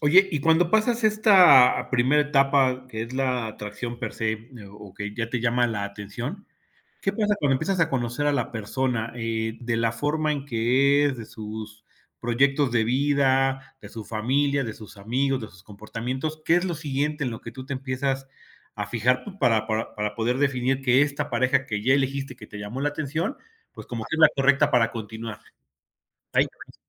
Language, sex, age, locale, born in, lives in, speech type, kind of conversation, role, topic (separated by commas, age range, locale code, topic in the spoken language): Spanish, male, 45-49, es-MX, Mexico, Mexico, conversation, podcast, host, ¿Qué buscas cuando eliges pareja?